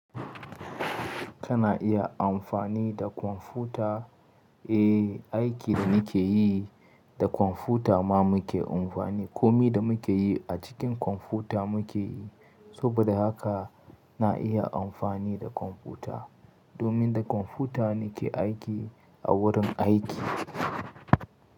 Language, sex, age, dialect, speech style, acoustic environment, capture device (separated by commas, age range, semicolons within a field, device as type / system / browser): Hausa, male, 25-34, Nigeria - Katsina, excited; nervous, nature; silence, mobile / Linux / Chrome